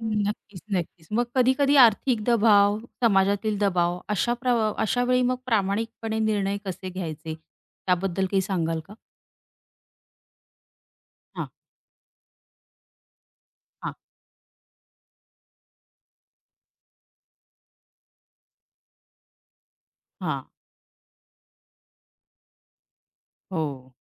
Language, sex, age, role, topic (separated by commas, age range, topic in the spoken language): Marathi, female, 35-39, host, नैतिकता आणि प्रामाणिकपणाचं महत्त्व तुम्ही इतरांना कसं समजावता?